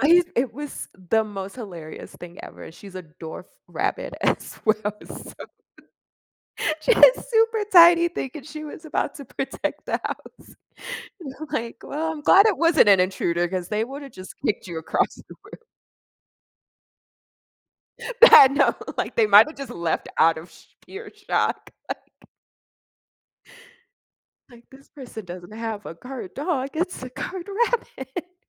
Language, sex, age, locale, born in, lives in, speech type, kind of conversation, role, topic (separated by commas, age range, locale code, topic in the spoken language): English, female, 30-34, en-US, United States, United States, conversation, unstructured, none, How do animals impact our emotions and well-being?